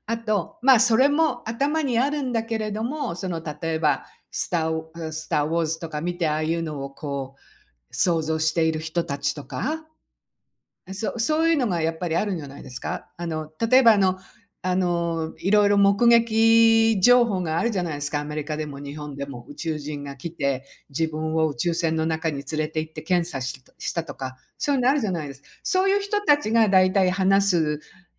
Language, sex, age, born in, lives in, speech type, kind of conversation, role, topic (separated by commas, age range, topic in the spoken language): Japanese, female, 60-64, Japan, United States, conversation, unstructured, none, 宇宙人はいると思いますか？その理由は何ですか？